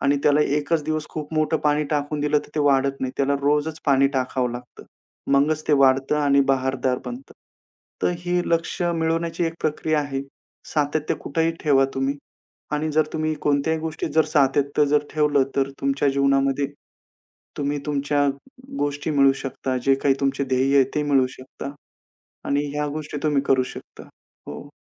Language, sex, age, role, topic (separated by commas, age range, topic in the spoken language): Marathi, male, 35-39, guest, वेळ कमी असताना तुम्ही झटपट ध्यान कसे करता?